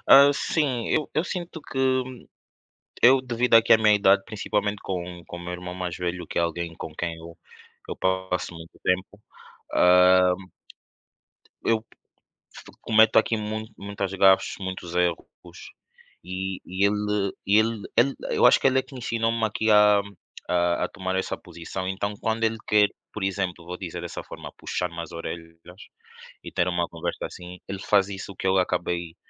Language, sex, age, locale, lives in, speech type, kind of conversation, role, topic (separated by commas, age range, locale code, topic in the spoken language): Portuguese, male, 25-29, pt-PT, Portugal, conversation, unstructured, none, Como transformar uma conversa difícil em uma oportunidade de crescimento?